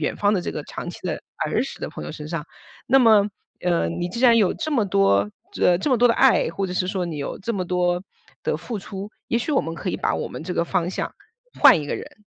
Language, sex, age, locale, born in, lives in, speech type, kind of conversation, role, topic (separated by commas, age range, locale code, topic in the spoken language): Chinese, female, 40-44, zh-CN, China, United States, conversation, advice, advisor, 当一段友情变成你单方面付出并让你感到疲惫时，你该怎么办？